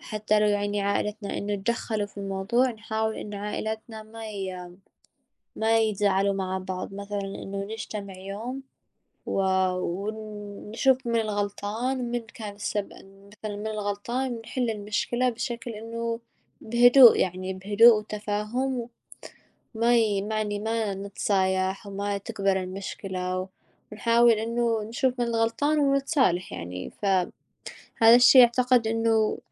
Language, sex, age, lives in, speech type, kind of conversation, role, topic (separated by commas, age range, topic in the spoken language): Arabic, female, 20-24, United States, conversation, unstructured, none, إزاي المشاكل العائلية بتأثر على الصحة النفسية للشخص؟